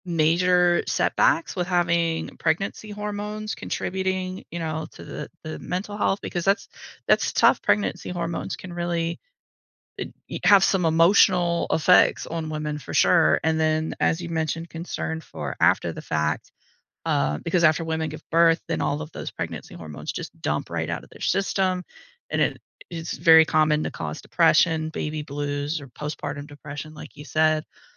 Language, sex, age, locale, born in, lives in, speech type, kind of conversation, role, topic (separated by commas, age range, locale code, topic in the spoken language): English, female, 40-44, en-US, United States, United States, conversation, advice, advisor, How can I prepare financially and emotionally for my first baby?